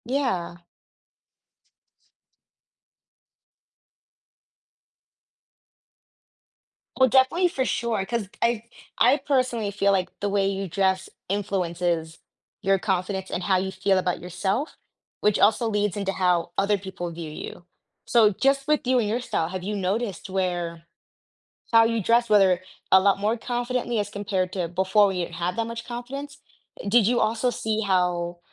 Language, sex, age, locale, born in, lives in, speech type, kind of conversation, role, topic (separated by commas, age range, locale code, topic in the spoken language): English, female, 25-29, en-US, United States, United States, conversation, podcast, host, How does the way we dress influence how we feel about ourselves?